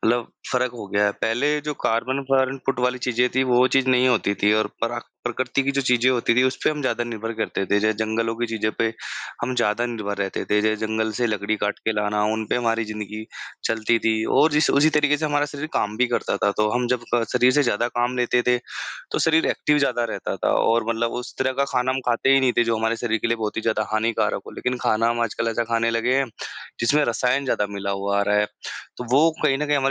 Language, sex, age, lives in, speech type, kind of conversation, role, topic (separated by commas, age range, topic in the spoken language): Hindi, male, 25-29, India, conversation, unstructured, none, क्या आपने कभी जंगल में पैदल यात्रा की है, और आपका अनुभव कैसा रहा?